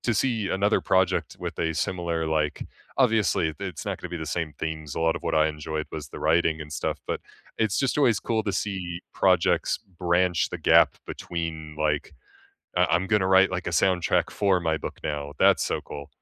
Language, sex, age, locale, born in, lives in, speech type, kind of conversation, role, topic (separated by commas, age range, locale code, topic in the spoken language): English, male, 25-29, en-US, United States, United States, conversation, unstructured, none, Which movie, TV, or video game soundtracks and scores have stayed with you for years, and what memories do they bring back?